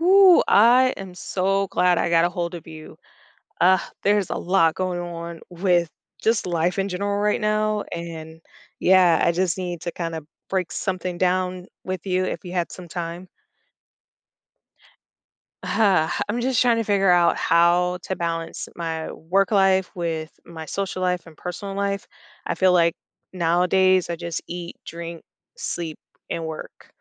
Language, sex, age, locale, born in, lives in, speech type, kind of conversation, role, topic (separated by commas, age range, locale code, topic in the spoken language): English, female, 30-34, en-US, United States, United States, conversation, advice, user, How can I set boundaries and reduce stress while balancing work and personal life?